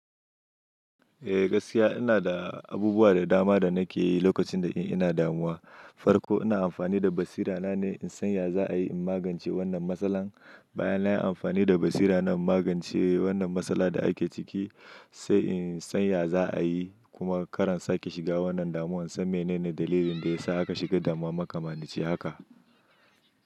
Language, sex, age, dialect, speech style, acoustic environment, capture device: Hausa, male, 25-34, Nigeria - Nigerian Standard, happy, nature; silence, mobile / Linux / Chrome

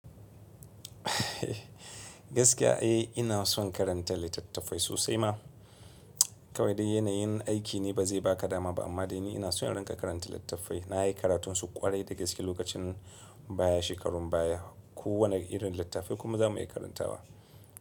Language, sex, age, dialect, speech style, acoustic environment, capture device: Hausa, male, 25-34, Nigeria - Kano, relaxed, silence, mobile / Linux / Chrome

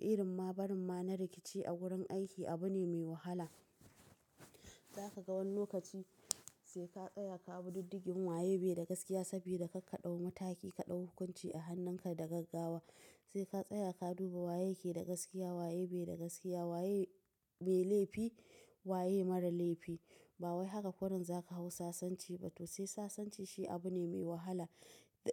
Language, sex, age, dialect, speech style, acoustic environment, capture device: Hausa, female, 25-34, Nigeria - Nigerian Standard, relaxed; tired; focused, appliances; nature; silence, mobile / macOS / Safari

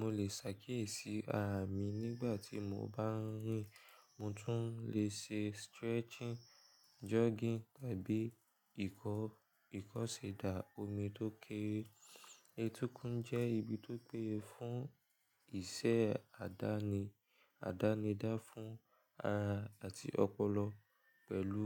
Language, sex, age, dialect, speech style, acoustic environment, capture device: Yoruba, male, 18-24, Nigeria - Lagos Yoruba, relaxed, nature; silence, mobile / Linux / Chrome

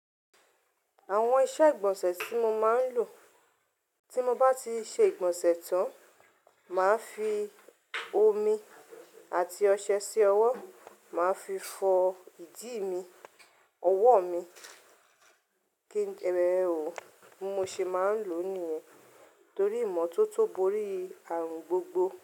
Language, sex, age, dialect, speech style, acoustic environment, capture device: Yoruba, female, 35-44, Nigeria - Ibadan, relaxed; focused, silence; nature, mobile / Linux / Chrome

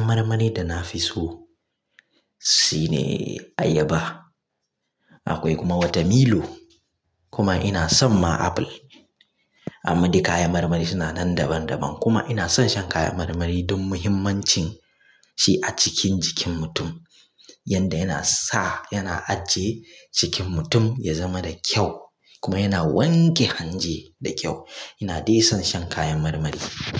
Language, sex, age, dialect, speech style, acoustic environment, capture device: Hausa, male, 25-34, Nigeria - Kano, focused, silence, mobile / Linux / Chrome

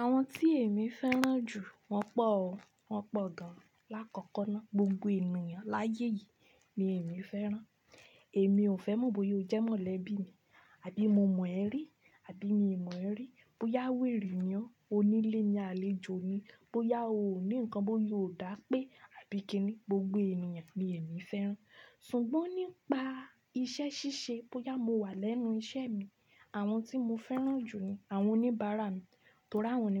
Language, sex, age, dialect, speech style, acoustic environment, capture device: Yoruba, female, 25-34, Nigeria - Ibadan, anxious, silence, desktop / Linux / Chrome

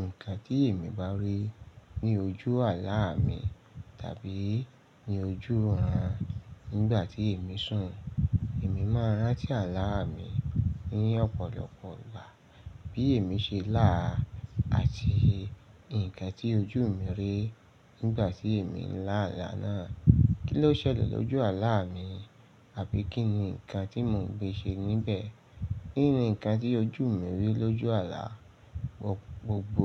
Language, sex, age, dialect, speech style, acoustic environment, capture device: Yoruba, male, 35-44, Nigeria - Lagos Yoruba, excited, nature; silence; appliances, mobile / Linux / Chrome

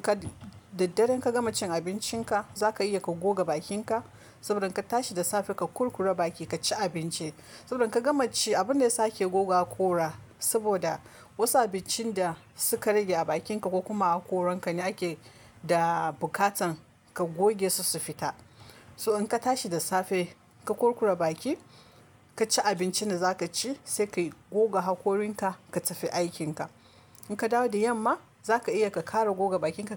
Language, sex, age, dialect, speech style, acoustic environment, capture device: Hausa, female, 25-34, Nigeria - Kano, relaxed; focused, nature; silence, mobile / Linux / Chrome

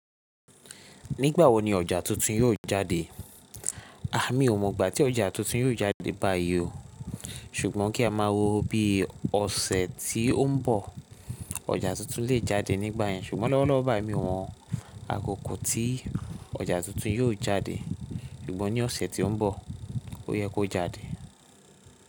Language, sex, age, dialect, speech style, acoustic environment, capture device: Yoruba, male, 25-34, Nigeria - Lagos Yoruba, tired, silence, mobile / Linux / Chrome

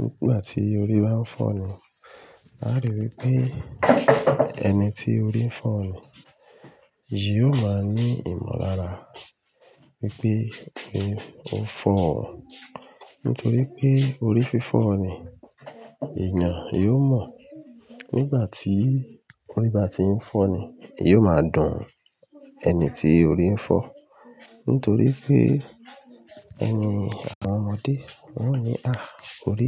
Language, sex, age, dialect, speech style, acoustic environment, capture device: Yoruba, male, 35-44, Nigeria - Ibadan, happy, silence, mobile / Linux / Chrome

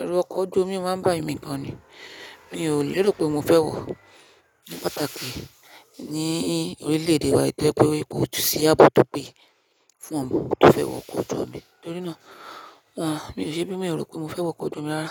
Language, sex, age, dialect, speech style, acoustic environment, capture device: Yoruba, female, 25-34, Nigeria - Nigerian Standard, focused, nature, mobile / Linux / Chrome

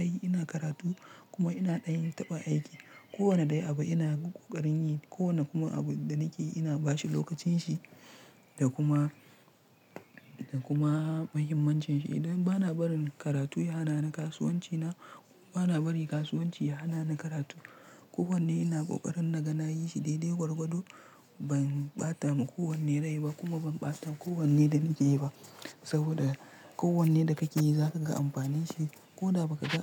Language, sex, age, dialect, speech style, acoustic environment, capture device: Hausa, male, 25-34, Nigeria - Nigerian Standard, relaxed; tired; focused, silence; nature, mobile / Linux / Chrome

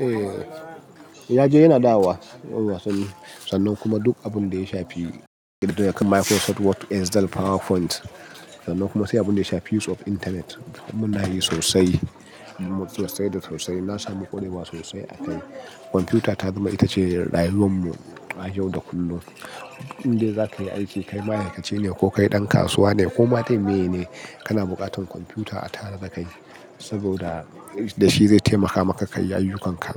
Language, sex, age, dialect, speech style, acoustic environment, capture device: Hausa, male, 35-44, Nigeria - Nigerian Standard, happy, people talking, mobile / Linux / Chrome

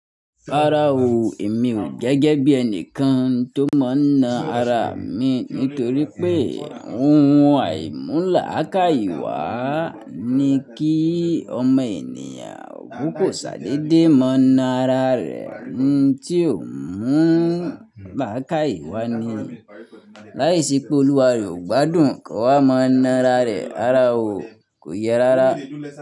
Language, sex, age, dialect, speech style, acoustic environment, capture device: Yoruba, male, 25-34, Nigeria - Nigerian Standard, focused, nature; people talking, mobile / Linux / Chrome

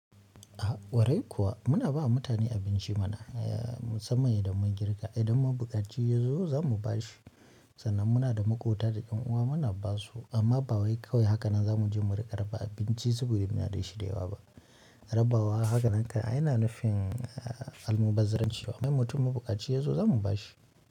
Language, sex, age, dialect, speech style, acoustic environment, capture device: Hausa, male, 25-34, Nigeria - Kano, anxious, nature, mobile / Linux / Chrome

